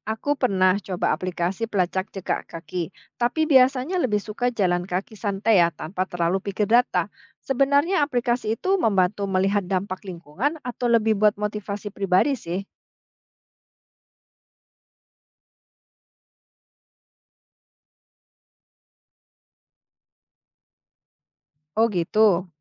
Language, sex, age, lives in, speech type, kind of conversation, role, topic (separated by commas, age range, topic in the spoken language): Indonesian, female, 45-49, Indonesia, read, expert-assistant, asker, Bagaimana cara-cara inovatif untuk merencanakan perjalanan agar lebih ramah lingkungan dan tetap menyenangkan?